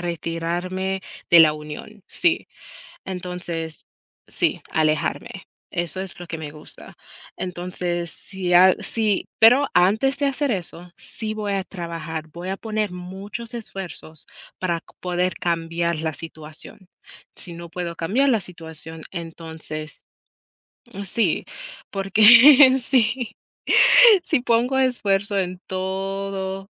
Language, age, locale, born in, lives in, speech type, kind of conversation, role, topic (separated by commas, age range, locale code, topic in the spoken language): Spanish, 35-39, es-US, United States, United States, conversation, unstructured, none, ¿Vale la pena mantener una amistad que solo genera conflictos?